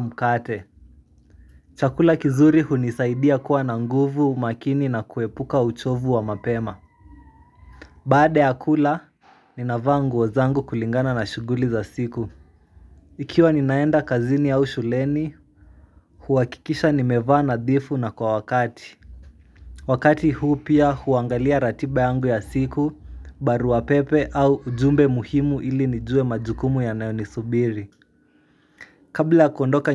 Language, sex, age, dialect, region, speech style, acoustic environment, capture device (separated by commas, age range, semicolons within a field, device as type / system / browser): Swahili, male, 18-24, Kenya - Nairobi Sheng-influenced, Kenya, excited, silence, mobile / Linux / Chrome